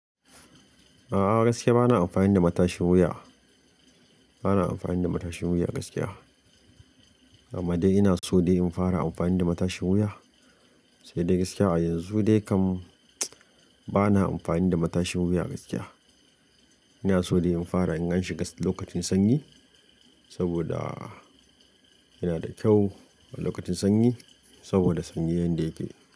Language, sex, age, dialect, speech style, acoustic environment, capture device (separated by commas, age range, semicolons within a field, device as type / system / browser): Hausa, male, 25-34, Nigeria - Kano, excited; focused; happy, nature; silence, mobile / Linux / Chrome